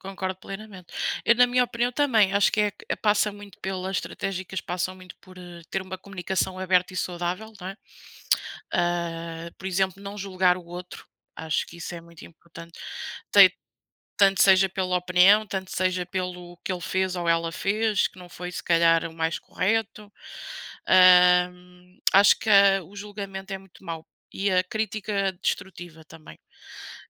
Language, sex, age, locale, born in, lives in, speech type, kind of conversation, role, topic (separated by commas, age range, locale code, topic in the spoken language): Portuguese, female, 30-34, pt-PT, Portugal, Portugal, conversation, unstructured, none, O impacto dos desentendimentos é mais profundo em amizades ou em relacionamentos amorosos?